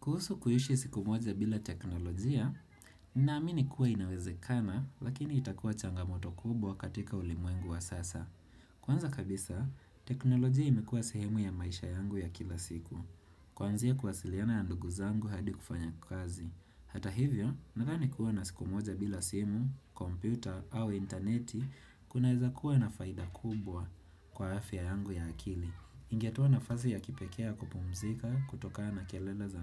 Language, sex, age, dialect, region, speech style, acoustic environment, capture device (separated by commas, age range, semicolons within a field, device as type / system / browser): Swahili, male, 25-34, Kenya - Nairobi Sheng-influenced, Kenya, relaxed, people talking; traffic; nature, desktop / Windows / Chrome